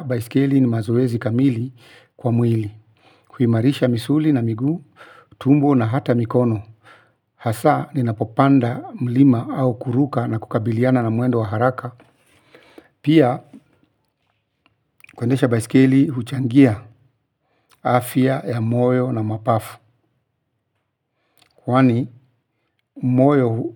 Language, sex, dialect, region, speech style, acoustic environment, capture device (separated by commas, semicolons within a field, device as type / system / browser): Swahili, male, Kenya - Nairobi Sheng-influenced, Kenya, focused; relaxed; excited, silence, mobile / Linux / Chrome